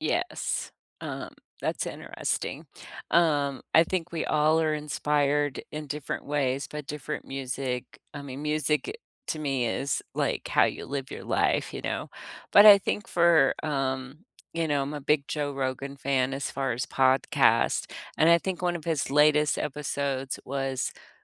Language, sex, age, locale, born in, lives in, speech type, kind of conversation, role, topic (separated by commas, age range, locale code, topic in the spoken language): English, female, 60-64, en-US, United States, United States, conversation, unstructured, none, What songs or podcast episodes have brightened your days lately, and what about them lifts your spirits?